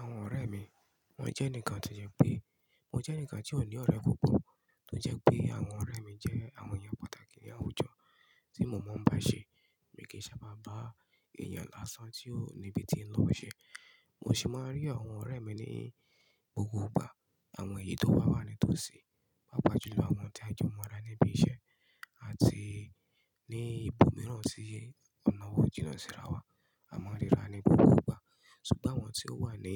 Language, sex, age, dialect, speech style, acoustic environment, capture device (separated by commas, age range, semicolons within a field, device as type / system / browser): Yoruba, male, 25-34, Nigeria - Ibadan, happy, construction, mobile / Linux / Chrome